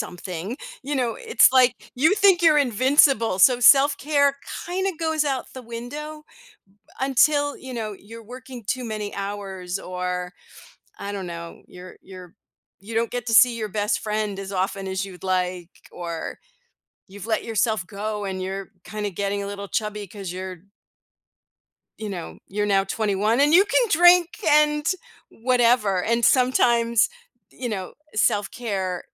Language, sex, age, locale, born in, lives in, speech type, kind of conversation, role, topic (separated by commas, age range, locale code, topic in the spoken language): English, female, 55-59, en-US, United States, United States, conversation, podcast, guest, Why is it important to find a self-care routine that works for you?